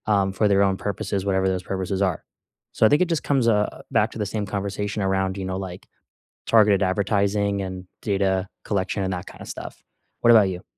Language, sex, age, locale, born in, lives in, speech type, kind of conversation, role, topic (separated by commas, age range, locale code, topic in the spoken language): English, male, 20-24, en-US, United States, United States, conversation, unstructured, none, What are your first impressions of the AI features on your phone or laptop?